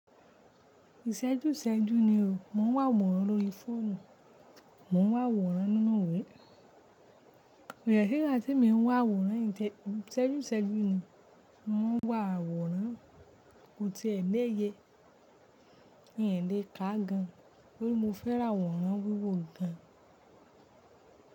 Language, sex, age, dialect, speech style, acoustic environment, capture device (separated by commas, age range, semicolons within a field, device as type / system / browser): Yoruba, female, 25-34, Nigeria - Ibadan, relaxed, nature, mobile / Linux / Chrome